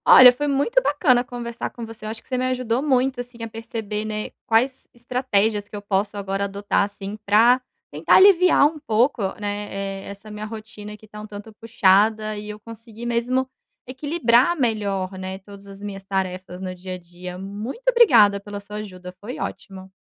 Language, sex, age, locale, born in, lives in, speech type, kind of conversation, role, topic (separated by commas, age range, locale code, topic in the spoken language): Portuguese, female, 30-34, pt-BR, Brazil, Portugal, conversation, advice, user, Como posso criar uma rotina diária equilibrada e sustentável?